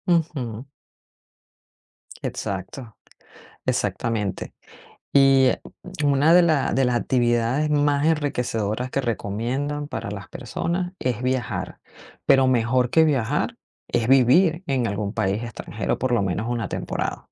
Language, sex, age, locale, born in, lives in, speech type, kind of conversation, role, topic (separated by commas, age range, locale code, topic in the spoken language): Spanish, female, 45-49, es-VE, Venezuela, United States, conversation, advice, advisor, ¿Debería aceptar una oferta de trabajo en el extranjero o mantener mi vida actual?